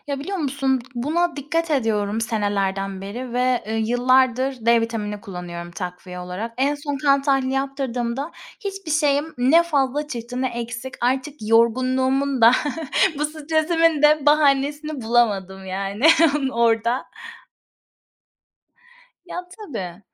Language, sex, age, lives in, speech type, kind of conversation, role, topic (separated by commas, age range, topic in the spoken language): Turkish, female, 30-34, Spain, conversation, unstructured, none, Stresle başa çıkmanın en etkili yolu nedir?